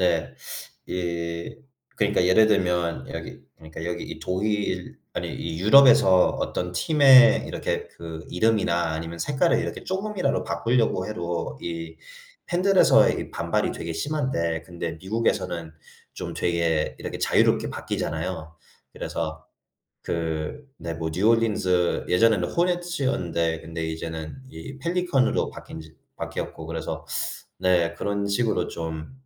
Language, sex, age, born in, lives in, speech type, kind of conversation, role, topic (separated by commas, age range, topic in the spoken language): Korean, male, 25-29, United States, United States, conversation, unstructured, none, 좋아하는 스포츠 팀을 응원하게 된 이유는 무엇인가요?